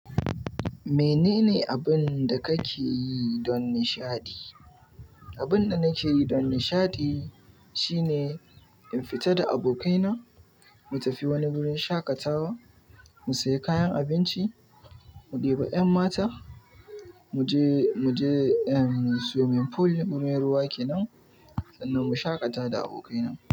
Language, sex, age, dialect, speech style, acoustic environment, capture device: Hausa, male, 18-24, Nigeria - Kano, happy; excited; focused, nature; appliances, mobile / Linux / Chrome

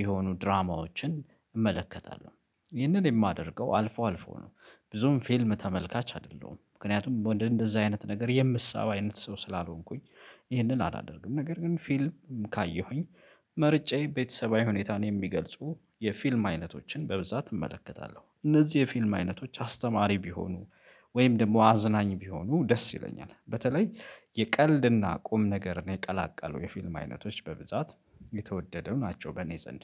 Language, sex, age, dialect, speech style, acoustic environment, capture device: Amharic, male, 25-34, Ethiopia - Addis Ababa, focused, nature, mobile / Linux / Chrome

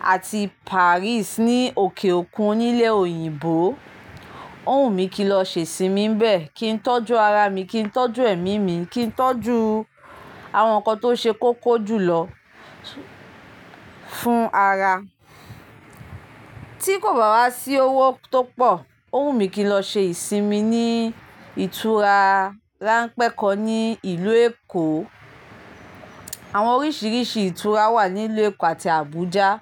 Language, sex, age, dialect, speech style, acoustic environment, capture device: Yoruba, female, 25-34, Nigeria - Lagos Yoruba, happy, nature, mobile / Linux / Chrome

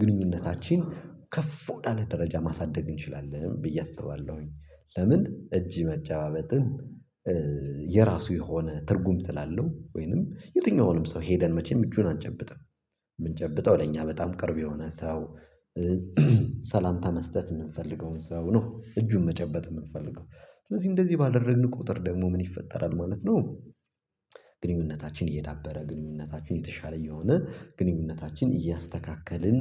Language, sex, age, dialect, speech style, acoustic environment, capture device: Amharic, male, 25-34, Ethiopia - Gojjam, excited, silence, mobile / Linux / Chrome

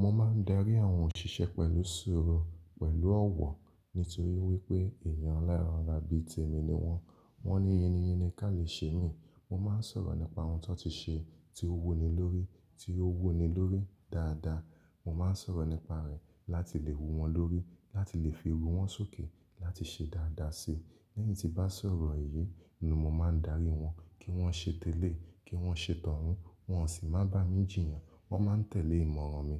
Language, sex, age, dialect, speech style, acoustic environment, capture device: Yoruba, male, 25-34, Nigeria - Lagos Yoruba, relaxed, nature, mobile / Linux / Chrome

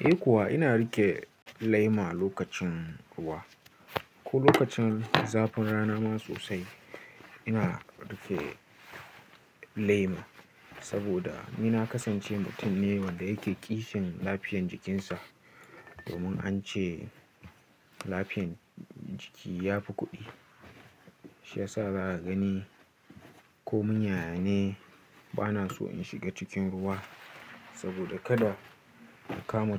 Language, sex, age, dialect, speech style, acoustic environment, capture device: Hausa, male, 18-24, Nigeria - Nigerian Standard, relaxed; focused, nature; silence, mobile / Linux / Chrome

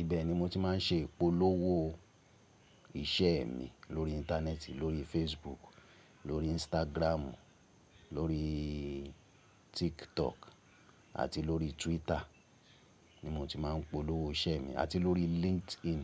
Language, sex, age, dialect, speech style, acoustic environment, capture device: Yoruba, male, 35-44, Nigeria - Ibadan, relaxed, silence; people talking, mobile / Linux / Chrome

